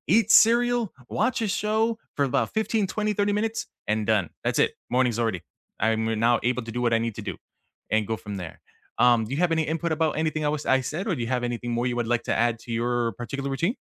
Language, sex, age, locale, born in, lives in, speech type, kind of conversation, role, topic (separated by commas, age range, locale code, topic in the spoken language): English, male, 35-39, en-US, United States, United States, conversation, unstructured, none, What morning routines help you set a positive tone for the day?